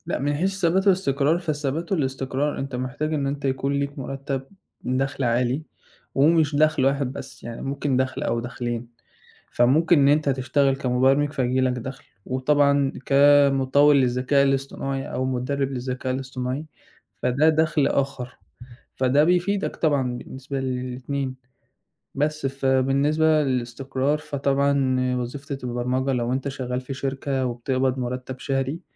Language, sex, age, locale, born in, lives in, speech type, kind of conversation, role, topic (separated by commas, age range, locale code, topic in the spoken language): Arabic, male, 20-24, ar-EG, Egypt, Egypt, conversation, podcast, guest, إزاي بتختار الشغل المناسب ليك؟